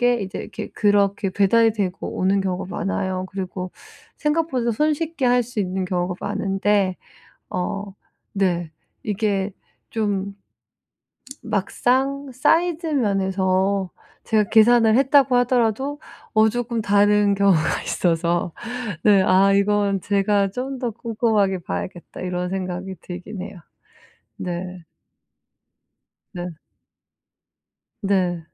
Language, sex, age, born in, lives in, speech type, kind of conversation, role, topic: Korean, female, 40-44, South Korea, United States, conversation, advice, user, 온라인 쇼핑에서 믿을 만한 제품을 어떻게 찾을 수 있을까요?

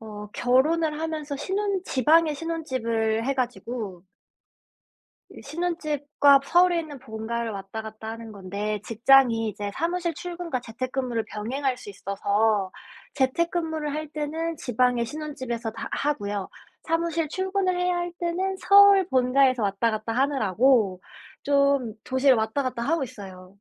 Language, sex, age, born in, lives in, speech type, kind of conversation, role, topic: Korean, female, 25-29, South Korea, United States, conversation, unstructured, none, 평생 한 도시에서만 사는 것과 여러 도시를 옮겨 다니며 사는 것 중 어떤 삶을 선택하시겠습니까?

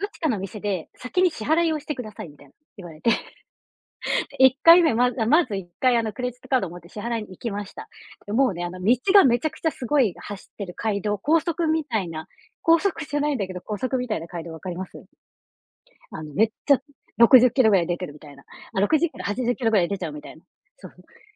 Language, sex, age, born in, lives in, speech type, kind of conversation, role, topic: Japanese, female, 40-44, Japan, Japan, conversation, unstructured, none, 失敗から学んだことは何ですか？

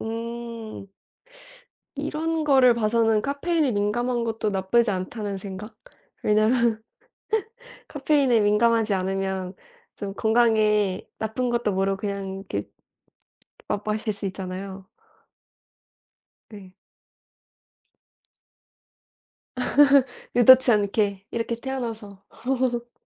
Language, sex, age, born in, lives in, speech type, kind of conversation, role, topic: Korean, female, 20-24, South Korea, United States, conversation, unstructured, none, 커피와 차 중 어떤 음료를 더 좋아하시나요?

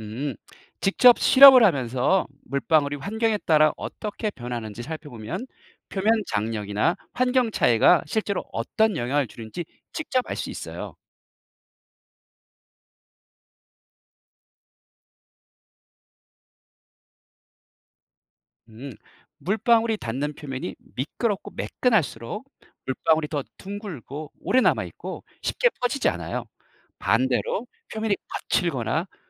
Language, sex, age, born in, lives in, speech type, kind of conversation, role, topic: Korean, male, 50-54, South Korea, South Korea, read, expert-assistant, expert, 물방울은 왜 둥근 모양일까요?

